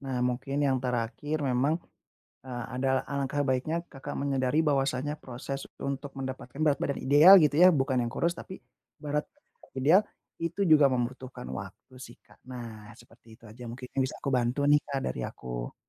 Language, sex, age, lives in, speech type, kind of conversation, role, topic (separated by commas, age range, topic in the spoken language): Indonesian, male, 30-34, Indonesia, conversation, advice, advisor, Bagaimana saya bisa mulai membangun kembali kepercayaan diri saya?